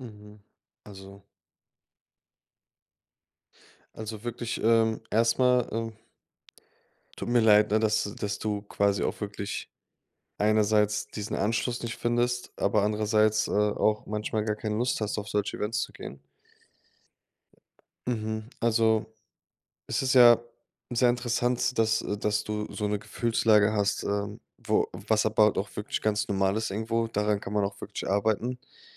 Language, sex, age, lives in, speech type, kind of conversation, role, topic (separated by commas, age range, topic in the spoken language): German, male, 25-29, Germany, conversation, advice, advisor, Wie kann ich auf Partys leichter Anschluss finden und ihn halten?